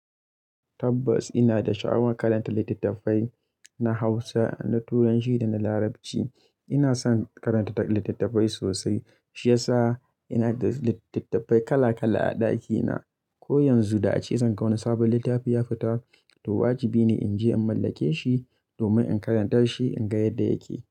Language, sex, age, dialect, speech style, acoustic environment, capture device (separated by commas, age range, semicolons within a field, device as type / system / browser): Hausa, male, 25-34, Nigeria - Kano, happy; relaxed; excited; anxious, people talking; nature; silence; music, mobile / Linux / Chrome